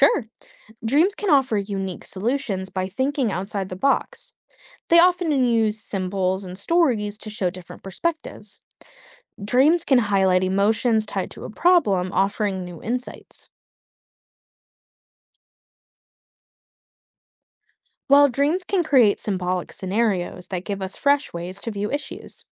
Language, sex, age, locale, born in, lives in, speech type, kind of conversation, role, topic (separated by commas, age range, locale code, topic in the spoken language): English, female, 25-29, en-US, United States, United States, read, expert-assistant, expert, What is the significance of dreams in psychology?